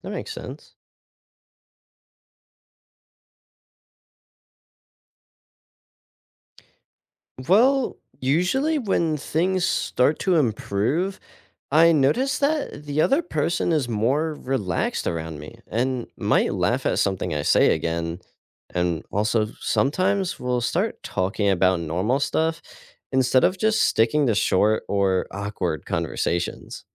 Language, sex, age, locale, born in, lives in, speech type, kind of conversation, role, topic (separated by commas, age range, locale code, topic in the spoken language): English, male, 18-19, en-US, United States, United States, read, expert-assistant, asker, What are some effective ways to apologize and make amends?